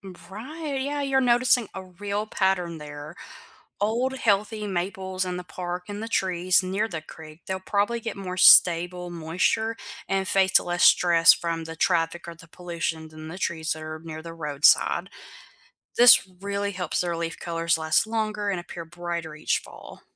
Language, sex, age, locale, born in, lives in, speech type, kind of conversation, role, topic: English, female, 25-29, en-US, United States, United States, read, expert-assistant, expert, Why do leaves change color in the fall?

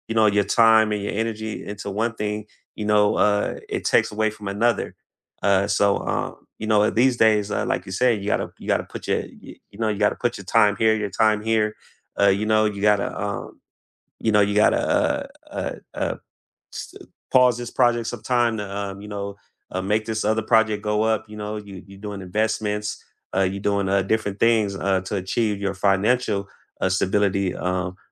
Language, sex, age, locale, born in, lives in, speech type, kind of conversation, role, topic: English, male, 35-39, en-US, United States, United States, conversation, unstructured, none, What do you hope to achieve in the next five years?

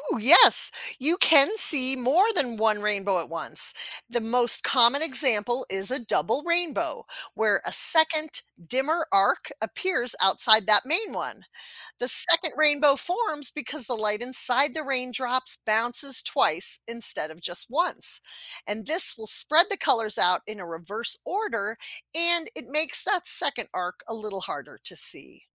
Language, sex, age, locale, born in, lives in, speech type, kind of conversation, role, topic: English, female, 50-54, en-US, United States, United States, read, expert-assistant, expert, How does a rainbow form after it rains?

